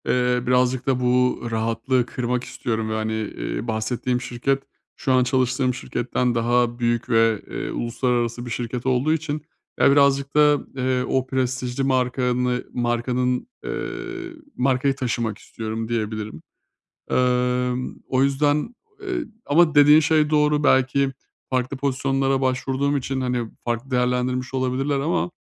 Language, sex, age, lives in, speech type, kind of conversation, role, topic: Turkish, male, 30-34, Bulgaria, conversation, advice, user, Reddedilme ve kabul edilmemeyle nasıl başa çıkabilirim?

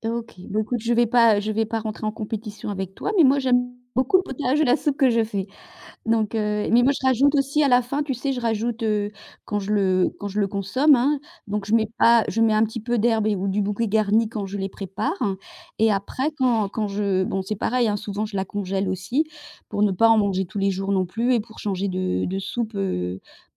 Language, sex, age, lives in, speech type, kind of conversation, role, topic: French, female, 55-59, France, conversation, unstructured, none, Quelle est la partie la plus frustrante de la cuisine pour toi ?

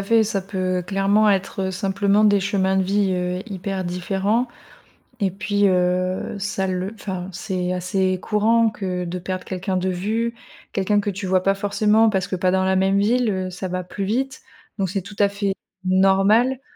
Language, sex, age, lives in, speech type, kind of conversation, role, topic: French, female, 30-34, France, conversation, advice, advisor, Pourquoi voulez-vous reprendre contact après une longue rupture d’amitié ?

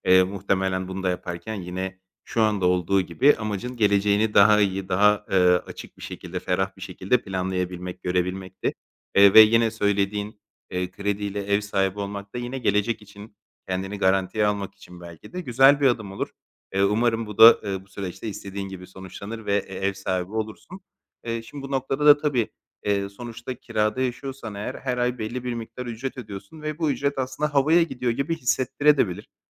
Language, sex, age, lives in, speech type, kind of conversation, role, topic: Turkish, male, 30-34, Greece, conversation, advice, advisor, Gelir belirsizliği nedeniyle finansal kaygı yaşıyorum; ne yapmalıyım?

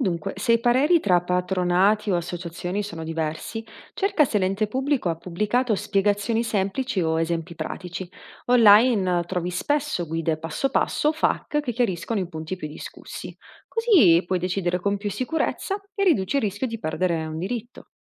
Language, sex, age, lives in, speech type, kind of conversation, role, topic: Italian, female, 30-34, Italy, read, expert-assistant, expert, Come posso capire se una nuova legge migliorerà davvero la vita delle persone?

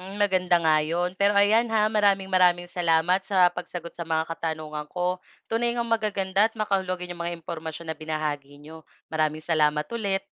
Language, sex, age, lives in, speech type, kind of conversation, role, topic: Filipino, female, 25-29, Philippines, read, expert-assistant, asker, Paano ko dapat paghandaan ang paglipat mula tradisyonal papunta sa high-tech na paraan ng pagsasaka?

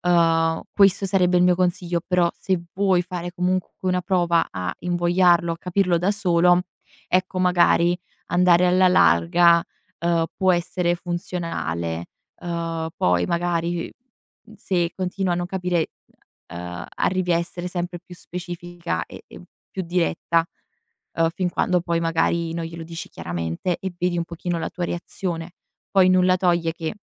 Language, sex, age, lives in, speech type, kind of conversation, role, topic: Italian, female, 20-24, Italy, conversation, advice, advisor, Perché state pensando di sposarvi ora, dopo una lunga convivenza?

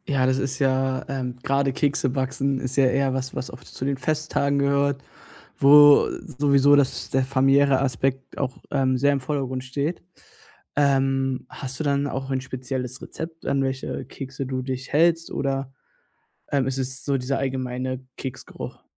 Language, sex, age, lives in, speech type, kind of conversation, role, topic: German, male, 20-24, Germany, conversation, podcast, host, Welche Erinnerungen rufst du dir durch einen bestimmten Geruch besonders gern ins Gedächtnis?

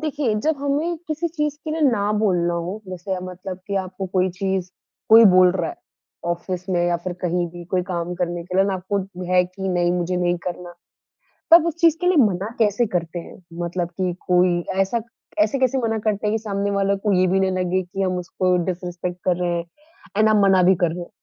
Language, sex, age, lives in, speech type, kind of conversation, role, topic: Hindi, female, 20-24, India, conversation, podcast, host, Jab tumhe kisi cheez ke liye mana karna ho, toh tum kaise bolte ho?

